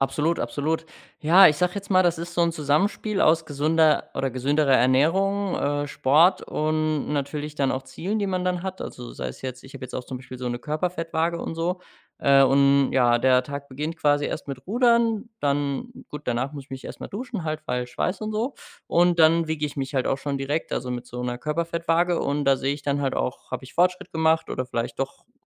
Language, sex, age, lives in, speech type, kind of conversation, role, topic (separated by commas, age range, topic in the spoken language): German, male, 25-29, Germany, conversation, podcast, guest, Welche Gewohnheit hat dein Leben verbessert?